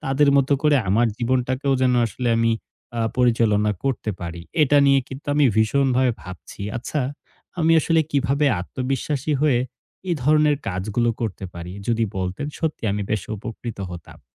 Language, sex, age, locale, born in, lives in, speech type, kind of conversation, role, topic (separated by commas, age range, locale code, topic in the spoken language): Bengali, male, 18-19, bn-BD, Bangladesh, Bangladesh, conversation, advice, user, আমি কীভাবে আমার সঙ্গীর আত্মবিশ্বাস বাড়াতে এবং তাকে উৎসাহ দিতে সাহায্য করতে পারি?